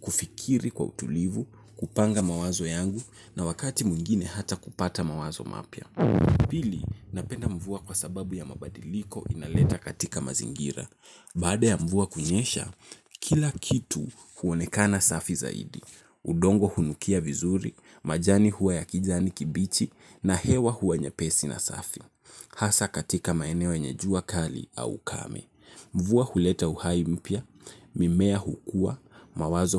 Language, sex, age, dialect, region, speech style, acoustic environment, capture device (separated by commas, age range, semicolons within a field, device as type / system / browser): Swahili, male, 18-24, Kenya - Nairobi Sheng-influenced, Kenya, relaxed, nature; traffic; appliances, mobile / Linux / Chrome